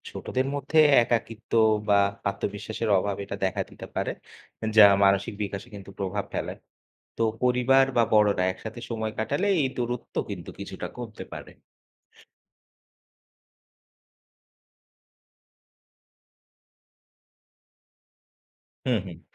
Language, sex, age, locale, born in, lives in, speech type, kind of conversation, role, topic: Bengali, male, 25-29, bn-BD, Bangladesh, Bangladesh, read, expert-assistant, expert, বঙ্গোপসাগর ঘূর্ণিঝড়ের কারণে বাংলার সমাজ ও সংস্কৃতিতে কী ধরণের পরিবর্তন এসেছে?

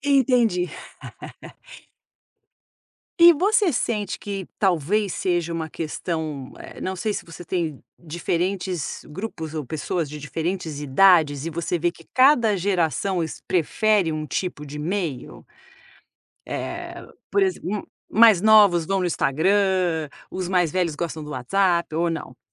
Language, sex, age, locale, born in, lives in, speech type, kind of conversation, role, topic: Portuguese, female, 50-54, pt-BR, Brazil, United States, conversation, podcast, host, Como você escolhe entre WhatsApp, e-mail e redes sociais?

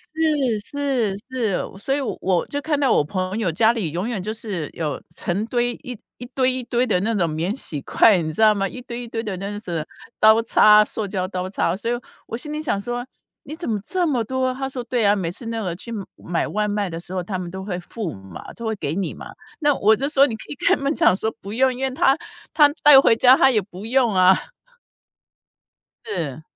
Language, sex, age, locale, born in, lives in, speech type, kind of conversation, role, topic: Chinese, female, 55-59, zh-TW, Taiwan, United States, conversation, podcast, guest, 你在日常生活中会怎么减少垃圾呢？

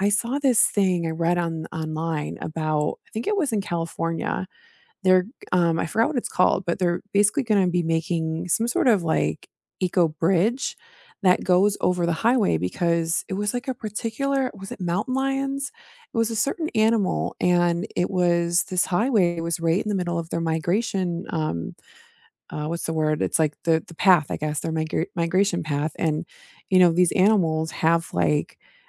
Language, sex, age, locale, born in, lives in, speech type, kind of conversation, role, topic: English, female, 35-39, en-US, United States, United States, conversation, unstructured, none, Should we prioritize protecting forests even if it limits economic growth, or should we allow development to create jobs?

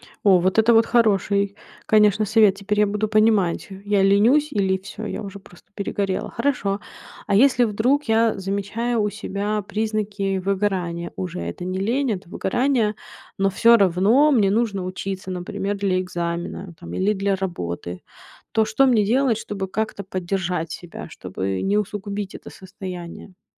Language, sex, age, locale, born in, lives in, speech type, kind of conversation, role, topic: Russian, female, 30-34, ru-RU, Ukraine, United States, read, expert-assistant, asker, Почему мне иногда кажется, что я учусь, но ничего не запоминаю? Как понять, в чем причина?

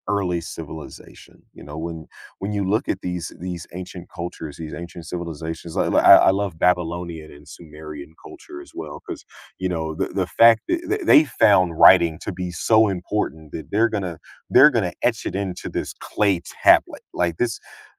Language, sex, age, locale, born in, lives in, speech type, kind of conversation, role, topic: English, male, 30-34, en-US, United States, United States, conversation, podcast, guest, How do the achievements of ancient civilizations continue to shape our modern world?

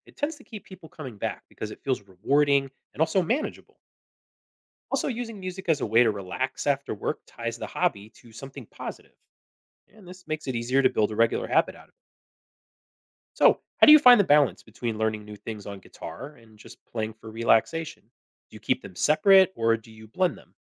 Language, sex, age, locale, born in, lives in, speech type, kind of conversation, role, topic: English, male, 40-44, en-US, United States, United States, read, expert-assistant, expert, Why do people enjoy learning new skills or hobbies?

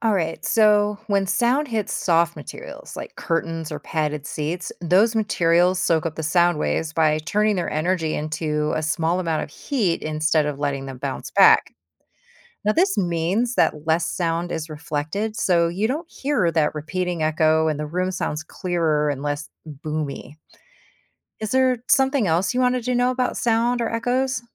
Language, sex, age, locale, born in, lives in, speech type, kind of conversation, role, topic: English, female, 50-54, en-US, United States, United States, read, expert-assistant, expert, What causes echoes in a canyon or a large, empty room?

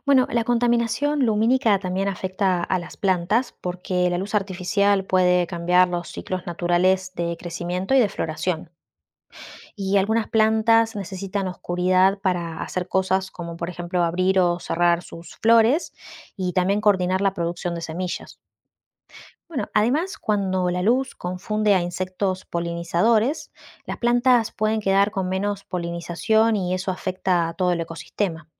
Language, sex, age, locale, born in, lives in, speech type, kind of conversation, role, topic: Spanish, female, 35-39, es-AR, Argentina, Netherlands, read, expert-assistant, expert, ¿Cómo afecta la contaminación lumínica a los animales nocturnos?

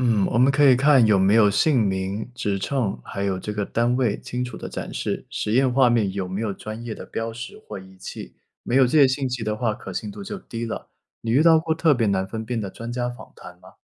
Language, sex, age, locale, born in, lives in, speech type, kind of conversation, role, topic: Chinese, male, 25-29, zh-CN, China, United States, read, expert-assistant, expert, 一些热门短视频分享喂养方法，这些内容的真实性可以怎样验证？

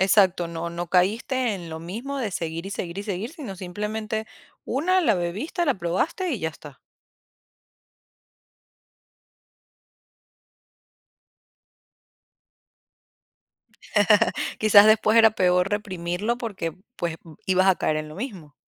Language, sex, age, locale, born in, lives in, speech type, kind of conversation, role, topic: Spanish, female, 25-29, es-VE, Venezuela, United States, conversation, advice, advisor, ¿Sientes que dependes del alcohol o de pastillas para poder dormir?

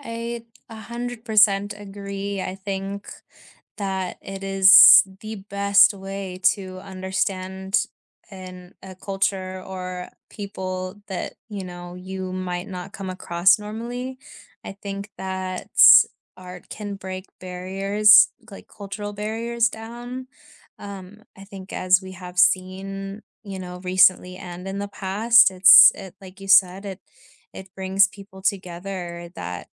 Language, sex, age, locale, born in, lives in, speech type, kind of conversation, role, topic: English, female, 25-29, en-US, United States, United States, conversation, unstructured, none, What role does art play in everyday life?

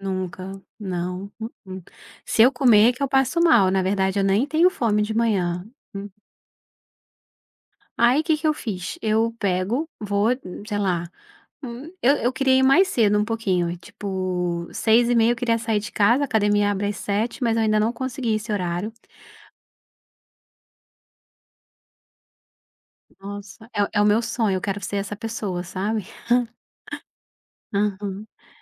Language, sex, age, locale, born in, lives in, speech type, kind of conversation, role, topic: Portuguese, female, 35-39, pt-BR, Brazil, Portugal, conversation, podcast, guest, Como você equilibra o trabalho com o tempo para se exercitar?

